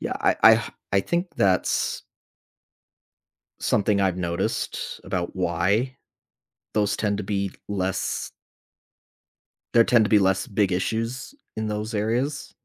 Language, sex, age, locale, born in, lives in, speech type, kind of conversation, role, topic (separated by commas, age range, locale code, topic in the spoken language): English, male, 25-29, en-US, United States, United States, conversation, unstructured, none, What do you like most about your local government?